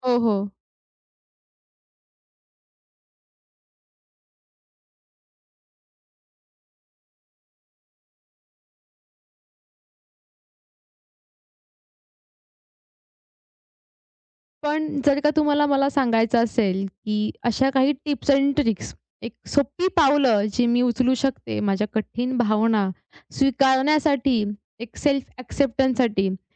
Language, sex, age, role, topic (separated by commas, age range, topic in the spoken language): Marathi, female, 20-24, host, कठीण भावना स्वीकारताना तुम्ही काय करता?